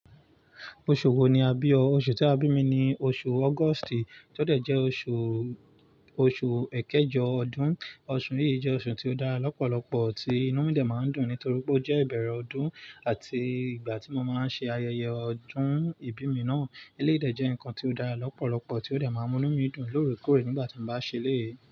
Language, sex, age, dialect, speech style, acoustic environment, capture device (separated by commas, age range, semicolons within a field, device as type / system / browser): Yoruba, male, 25-34, Nigeria - Nigerian Standard, excited, nature, mobile / Linux / Chrome